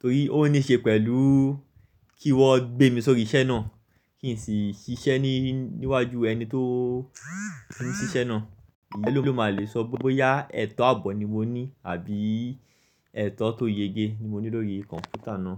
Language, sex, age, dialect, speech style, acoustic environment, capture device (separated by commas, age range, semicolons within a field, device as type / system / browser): Yoruba, male, 25-34, Nigeria - Lagos Yoruba, focused, nature, mobile / Linux / Chrome